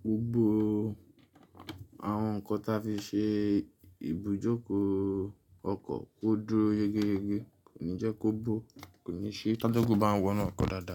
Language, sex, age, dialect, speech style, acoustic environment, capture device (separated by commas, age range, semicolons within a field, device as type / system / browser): Yoruba, male, 25-34, Nigeria - Nigerian Standard, tired; relaxed, silence, mobile / Linux / Chrome